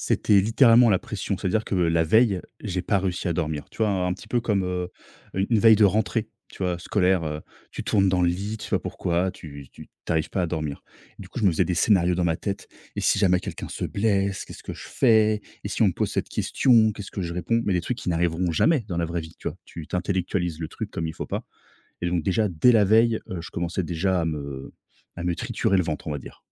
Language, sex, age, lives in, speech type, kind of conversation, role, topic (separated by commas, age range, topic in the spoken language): French, male, 30-34, France, conversation, podcast, guest, Raconte-nous une victoire ou un échec marquant lié à ton loisir ?